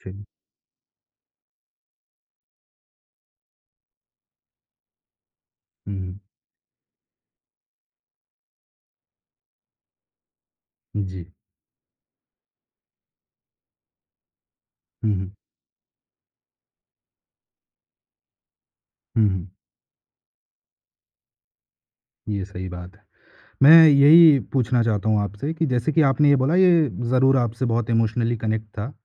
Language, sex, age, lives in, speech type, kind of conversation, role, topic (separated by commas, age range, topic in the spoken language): Hindi, male, 25-29, India, conversation, podcast, host, Apni peace ke liye tumhare hisaab se kab kisi ko maaf kar dena chahiye?